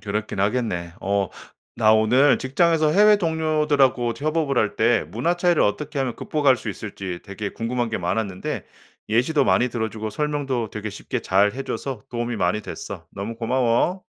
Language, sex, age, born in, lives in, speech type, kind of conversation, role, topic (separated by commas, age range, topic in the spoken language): Korean, male, 45-49, South Korea, United States, read, expert-assistant, asker, 직장에서 해외 동료들과 협업할 때, 문화 차이를 현명하게 극복하는 방법에는 어떤 것들이 있을까요?